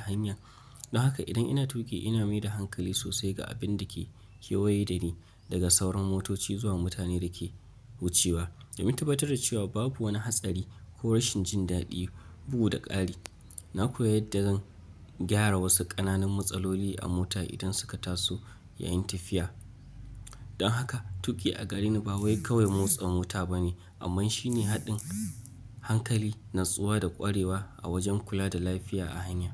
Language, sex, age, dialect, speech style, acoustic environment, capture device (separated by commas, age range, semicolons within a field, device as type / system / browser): Hausa, male, 25-34, Nigeria - Nigerian Standard, relaxed; focused, nature; appliances, mobile / Linux / Chrome